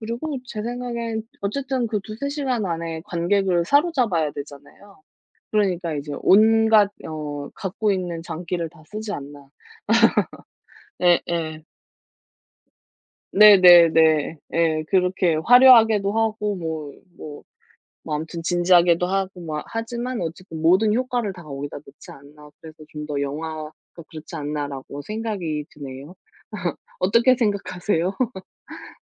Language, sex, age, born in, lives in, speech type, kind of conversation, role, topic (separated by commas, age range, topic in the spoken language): Korean, female, 35-39, South Korea, South Korea, conversation, unstructured, none, 영화와 드라마 중 어떤 것을 더 자주 보시나요?